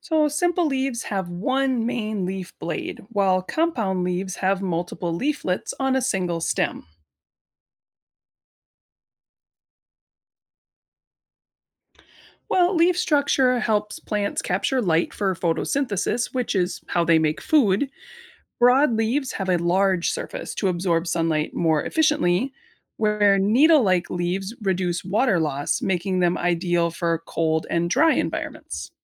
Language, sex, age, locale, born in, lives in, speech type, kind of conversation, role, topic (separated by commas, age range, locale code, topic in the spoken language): English, female, 35-39, en-US, United States, United States, read, expert-assistant, expert, Can you describe the different types of leaves?